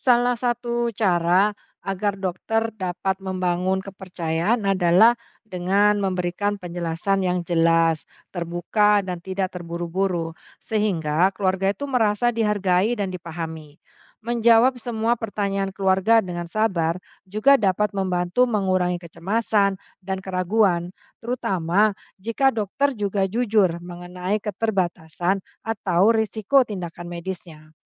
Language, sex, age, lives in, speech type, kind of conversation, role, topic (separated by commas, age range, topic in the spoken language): Indonesian, female, 45-49, Indonesia, read, expert-assistant, expert, Bagaimana Cara Menjaga Komunikasi Efektif antara Keluarga dan Dokter di Rumah Sakit?